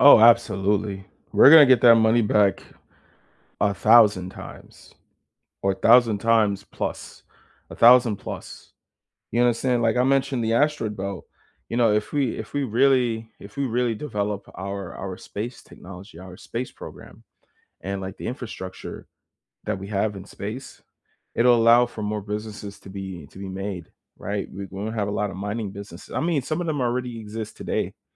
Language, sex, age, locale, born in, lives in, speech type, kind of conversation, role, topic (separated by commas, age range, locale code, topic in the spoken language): English, male, 25-29, en-US, United States, United States, conversation, unstructured, none, Why do you think space exploration matters to us today?